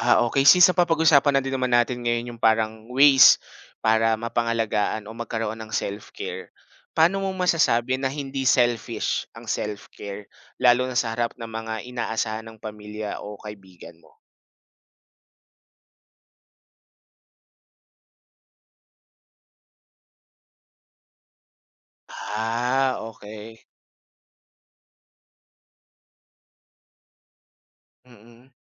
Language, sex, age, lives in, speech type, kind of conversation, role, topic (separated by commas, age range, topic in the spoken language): Filipino, male, 25-29, Philippines, conversation, podcast, host, Paano mo inaalagaan ang sarili mong isip at damdamin?